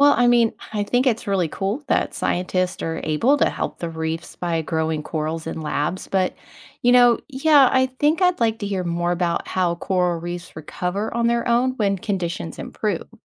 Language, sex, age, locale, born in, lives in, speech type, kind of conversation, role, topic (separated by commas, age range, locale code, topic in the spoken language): English, female, 40-44, en-US, United States, United States, read, expert-assistant, asker, How do coral reefs form in the ocean?